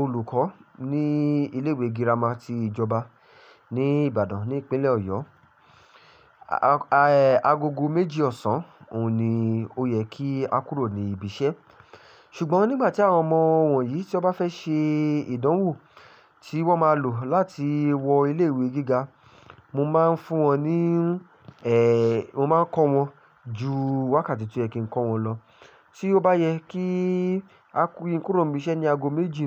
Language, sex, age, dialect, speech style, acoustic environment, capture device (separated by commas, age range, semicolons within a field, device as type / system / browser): Yoruba, male, 25-34, Nigeria - Ibadan, focused, silence, mobile / Linux / Chrome